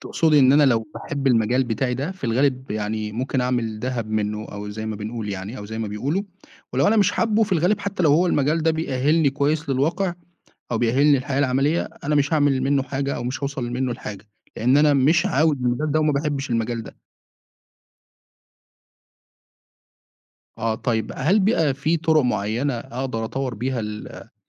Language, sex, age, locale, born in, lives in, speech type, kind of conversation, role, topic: Arabic, male, 25-29, ar-EG, Egypt, Egypt, conversation, podcast, host, إنت حاسس إن المدرسة جهزتك للحياة؟ وليه آه أو لأ؟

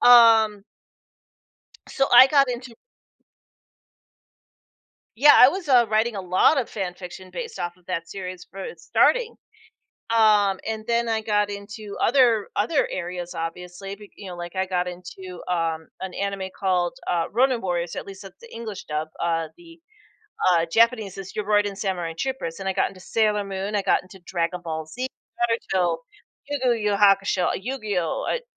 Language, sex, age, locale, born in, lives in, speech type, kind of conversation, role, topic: English, female, 45-49, en-US, United States, United States, conversation, podcast, guest, What habits or experiences help you stay inspired in your work?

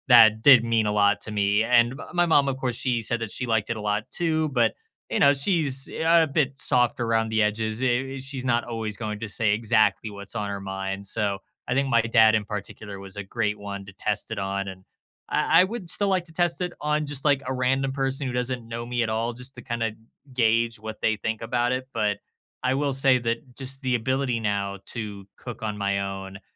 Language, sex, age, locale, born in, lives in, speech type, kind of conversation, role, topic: English, male, 25-29, en-US, United States, United States, conversation, unstructured, none, What’s the best meal you’ve cooked or shared recently, and what made it special to you?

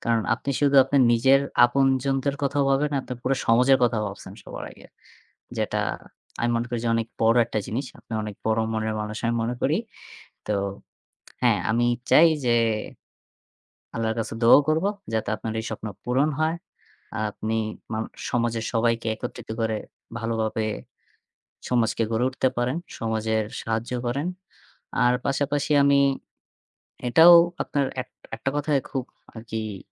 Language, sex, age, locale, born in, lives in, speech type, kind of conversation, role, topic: Bengali, male, 20-24, bn-BD, Bangladesh, Bangladesh, conversation, unstructured, none, আপনি আগামীতে কীভাবে জীবন যাপন করতে চান?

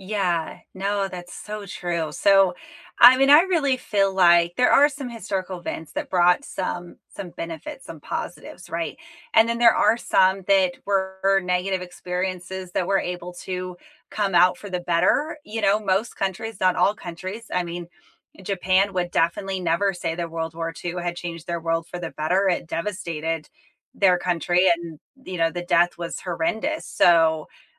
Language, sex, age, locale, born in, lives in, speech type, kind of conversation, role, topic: English, female, 35-39, en-US, United States, United States, conversation, unstructured, none, What is a historical event that changed the world for the better?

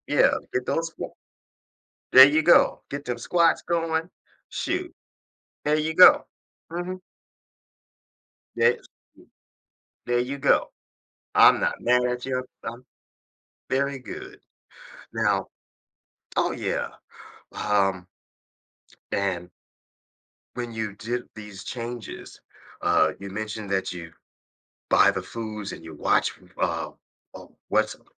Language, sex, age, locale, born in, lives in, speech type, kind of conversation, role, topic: English, male, 55-59, en-US, United States, United States, conversation, podcast, host, How can making small adjustments in daily habits lead to better health?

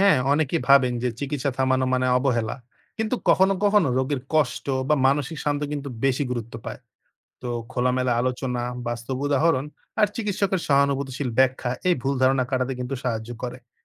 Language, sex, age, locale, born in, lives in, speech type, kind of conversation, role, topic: Bengali, male, 20-24, bn-BD, Bangladesh, Bangladesh, read, expert-assistant, expert, চিকিৎসা নিতে মানুষ নিজের ইচ্ছা অনুযায়ী সিদ্ধান্ত নিতে পারা উচিত কিনা, আপনি কী মনে করেন?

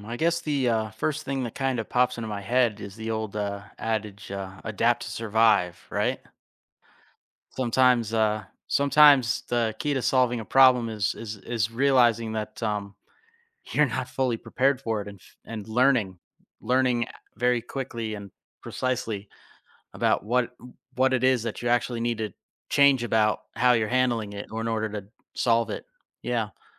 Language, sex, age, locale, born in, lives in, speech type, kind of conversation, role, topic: English, male, 35-39, en-US, United States, United States, conversation, podcast, guest, How can observing nature inspire innovative solutions to human challenges?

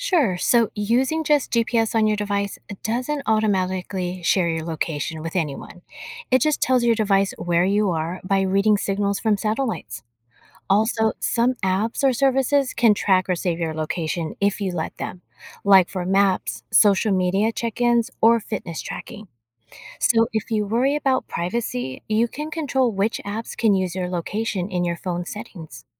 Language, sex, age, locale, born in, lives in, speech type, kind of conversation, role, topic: English, female, 50-54, en-US, United States, United States, read, expert-assistant, expert, How does a GPS know your location?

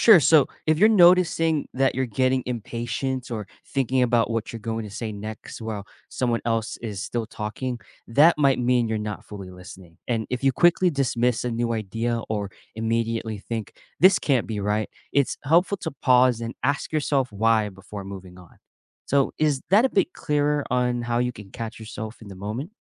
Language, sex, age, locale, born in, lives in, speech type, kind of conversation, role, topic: English, male, 25-29, en-US, United States, United States, read, expert-assistant, expert, How does being open-minded help us learn from others?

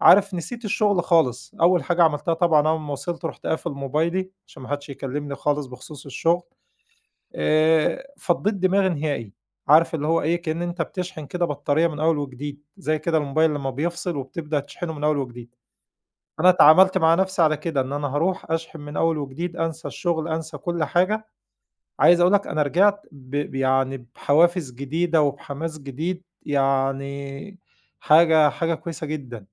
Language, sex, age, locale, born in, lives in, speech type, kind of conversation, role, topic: Arabic, male, 40-44, ar-EG, Egypt, Egypt, conversation, podcast, guest, إزاي تفضل متحفّز لما حماسك يروح في مشروعك؟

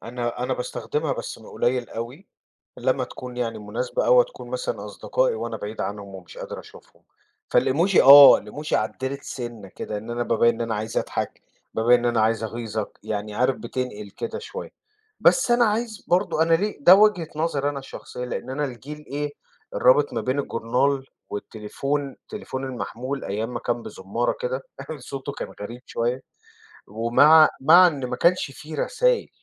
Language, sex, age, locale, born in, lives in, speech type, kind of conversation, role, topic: Arabic, male, 40-44, ar-EG, Egypt, United States, conversation, podcast, guest, بتفضّل تتكلم وشّ لوش ولا بالرسائل؟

